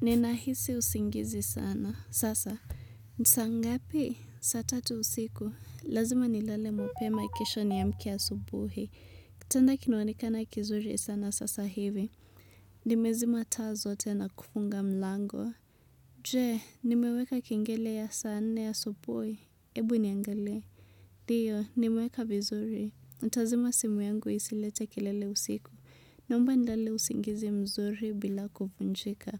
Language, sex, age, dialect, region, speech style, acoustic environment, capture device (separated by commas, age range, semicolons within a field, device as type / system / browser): Swahili, female, 25-34, Kenya - Nairobi Sheng-influenced, Kenya, relaxed, music, desktop / Windows / Chrome